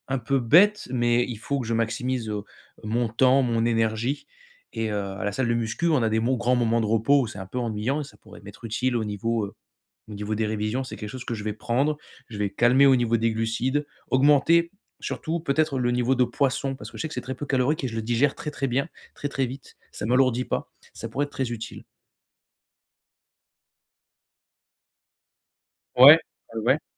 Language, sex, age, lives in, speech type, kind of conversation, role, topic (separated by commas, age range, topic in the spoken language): French, male, 25-29, France, conversation, advice, user, Comment puis-je réguler mon énergie et mon attention tout au long de la journée ?